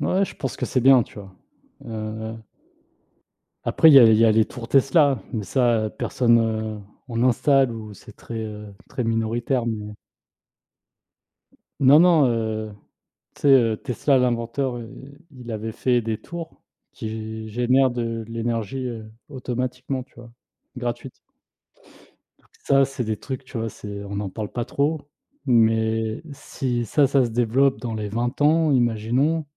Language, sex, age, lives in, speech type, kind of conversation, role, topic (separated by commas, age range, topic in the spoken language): French, male, 30-34, France, conversation, unstructured, none, Comment vois-tu l’avenir des énergies renouvelables ?